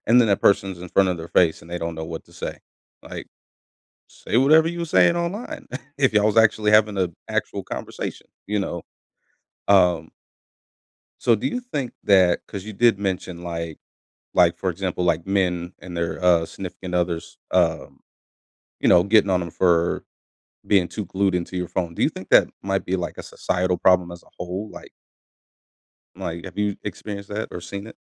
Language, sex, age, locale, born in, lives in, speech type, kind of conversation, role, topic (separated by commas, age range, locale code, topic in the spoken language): English, male, 30-34, en-US, United States, United States, conversation, podcast, host, In what ways has social media changed how we connect and interact with others every day?